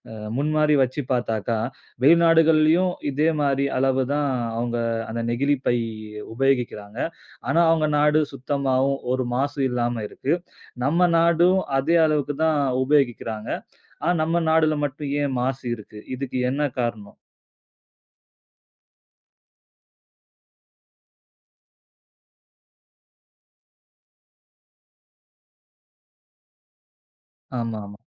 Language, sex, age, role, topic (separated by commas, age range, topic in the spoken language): Tamil, male, 30-34, host, பிளாஸ்டிக் மாசு பற்றி நீங்கள் பொதுமக்களுக்கு என்ன சொல்லுவீர்கள்?